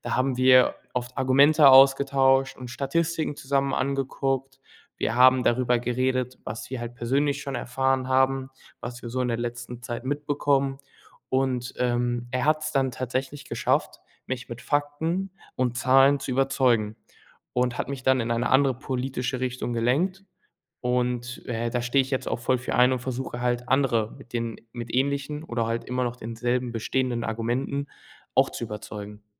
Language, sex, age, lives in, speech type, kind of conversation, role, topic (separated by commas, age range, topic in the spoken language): German, male, 18-19, Germany, conversation, unstructured, none, Wie kannst du andere von deiner politischen Meinung überzeugen?